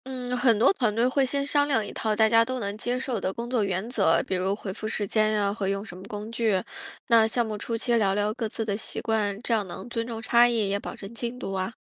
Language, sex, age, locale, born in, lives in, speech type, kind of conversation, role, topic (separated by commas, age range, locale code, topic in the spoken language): Chinese, female, 35-39, zh-CN, China, United States, read, expert-assistant, expert, 面对来自不同国家的想法和做事方式，怎样安排团队的合作，才能让国际项目更顺利？